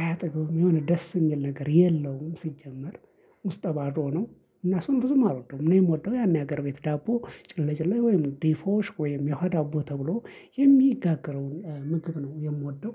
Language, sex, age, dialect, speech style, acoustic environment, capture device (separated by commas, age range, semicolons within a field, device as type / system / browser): Amharic, male, 25-34, Ethiopia - Addis Ababa, focused, silence, mobile / Linux / Chrome